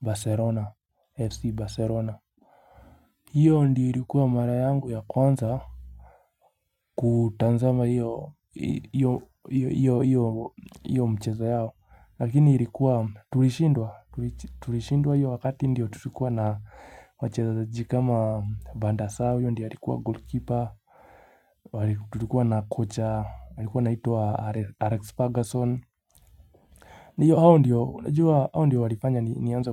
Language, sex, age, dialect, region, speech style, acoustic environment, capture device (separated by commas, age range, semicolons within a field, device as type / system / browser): Swahili, male, 25-34, Kenya - Nairobi Sheng-influenced, Kenya, relaxed, appliances, mobile / Linux / Chrome